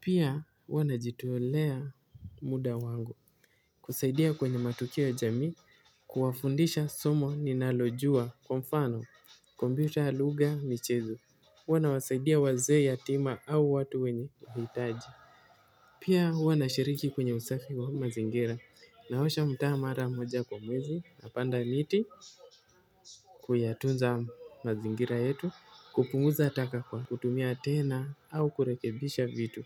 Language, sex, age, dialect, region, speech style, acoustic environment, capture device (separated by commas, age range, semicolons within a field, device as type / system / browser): Swahili, male, 18-24, Kenya - Mombasa, Kenya, happy; focused; relaxed, nature; silence, mobile / Linux / Chrome